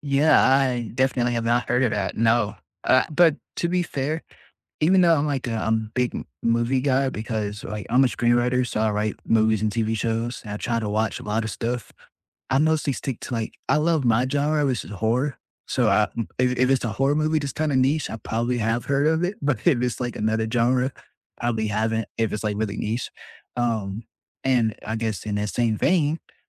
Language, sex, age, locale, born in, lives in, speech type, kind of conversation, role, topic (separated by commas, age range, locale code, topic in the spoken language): English, male, 20-24, en-US, United States, United States, conversation, unstructured, none, What is the most underrated movie you would recommend to everyone?